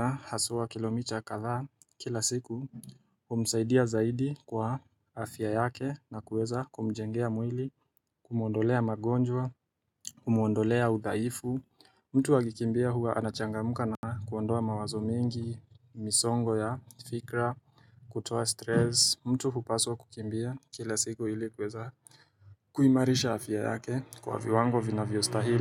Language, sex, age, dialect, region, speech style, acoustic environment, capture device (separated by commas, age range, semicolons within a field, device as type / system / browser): Swahili, male, 25-34, Kenya - Mombasa, Kenya, relaxed, silence, mobile / Linux / Chrome